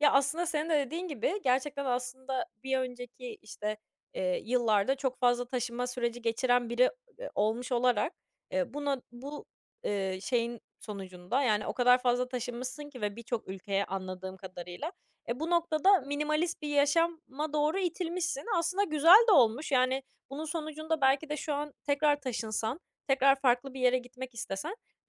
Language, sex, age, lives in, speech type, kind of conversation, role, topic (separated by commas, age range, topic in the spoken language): Turkish, female, 20-24, France, conversation, podcast, host, Minimalist olmak seni zihinsel olarak rahatlatıyor mu?